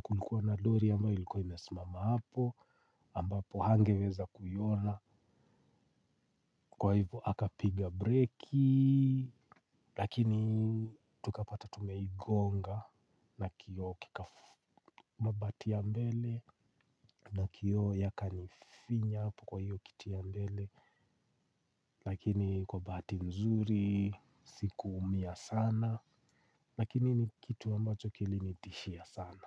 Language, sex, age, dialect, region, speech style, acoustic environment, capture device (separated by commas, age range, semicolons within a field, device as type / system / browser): Swahili, male, 45-54, Kenya - Nairobi Sheng-influenced, Kenya, relaxed, silence, mobile / Linux / Chrome